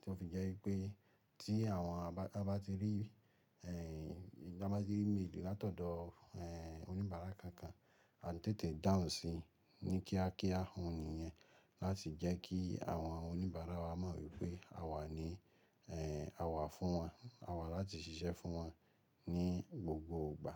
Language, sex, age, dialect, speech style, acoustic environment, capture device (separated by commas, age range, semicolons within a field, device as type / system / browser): Yoruba, male, 25-34, Nigeria - Ibadan, relaxed; focused, nature, mobile / Linux / Chrome